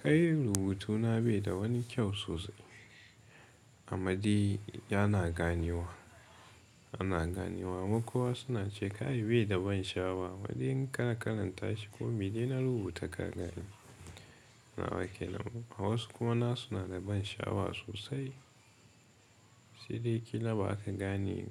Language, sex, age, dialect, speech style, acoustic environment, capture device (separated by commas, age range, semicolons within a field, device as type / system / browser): Hausa, male, 18-24, Nigeria - Katsina, relaxed; tired, nature; music, mobile / Linux / Chrome